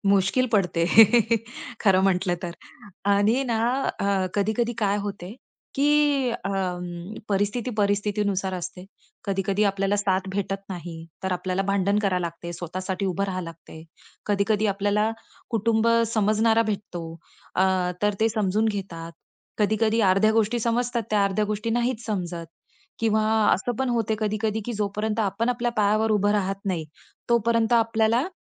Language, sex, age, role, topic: Marathi, female, 35-39, guest, परंपरा आणि नवी चव कशी जुळवता?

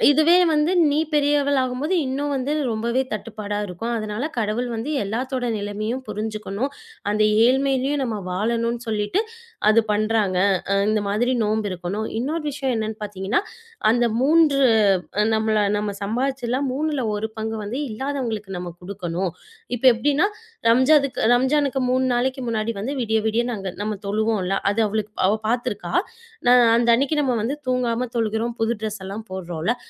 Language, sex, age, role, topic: Tamil, female, 25-29, guest, புதிய தலைமுறைக்கு இதை நீங்கள் எப்படி கற்பிப்பீர்கள்?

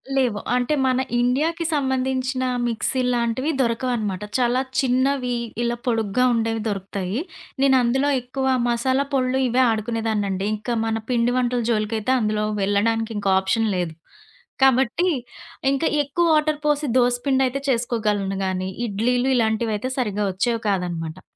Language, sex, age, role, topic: Telugu, female, 30-34, guest, పండుగలో కొత్త వంటలను ప్రయత్నించడం మీకు ఇష్టమా, ఎందుకు?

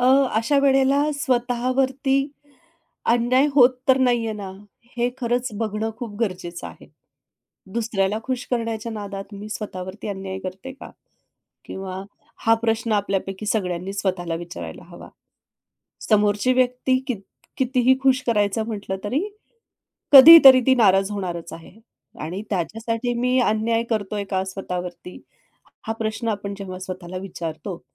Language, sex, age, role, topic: Marathi, female, 45-49, guest, इतरांच्या अपेक्षा सांभाळताना तुम्ही काय करता?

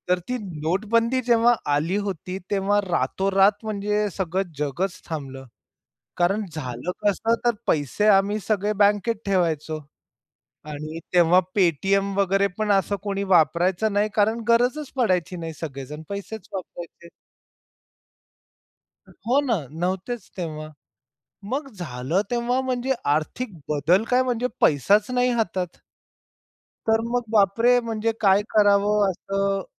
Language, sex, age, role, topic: Marathi, male, 45-49, guest, आर्थिक बदलांशी जुळवून घेण्याची तुमची पद्धत काय आहे?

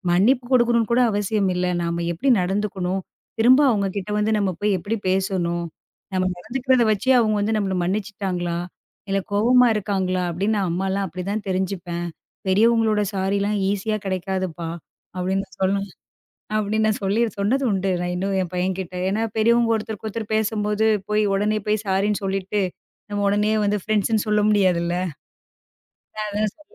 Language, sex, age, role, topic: Tamil, female, 35-39, guest, குழந்தைகள் முன்னிலையில் மன்னிப்பும் தவறைச் சரிசெய்வதும் பற்றி நீங்கள் எப்படிப் பேசுவீர்கள்?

